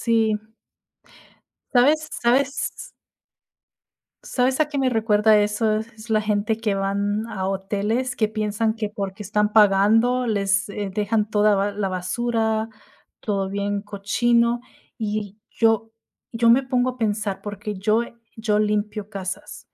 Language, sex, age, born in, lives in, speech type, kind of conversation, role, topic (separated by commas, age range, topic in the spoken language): Spanish, female, 30-34, United States, United States, conversation, unstructured, none, ¿Te molesta que la gente no recoja su basura en lugares públicos?